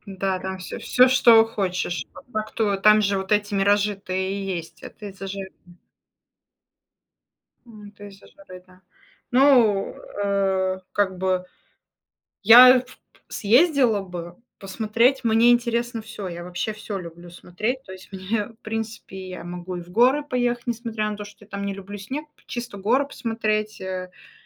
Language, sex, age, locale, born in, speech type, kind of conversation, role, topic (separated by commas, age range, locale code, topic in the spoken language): Russian, female, 35-39, ru-RU, Russia, conversation, unstructured, none, Как природа влияет на ваше настроение?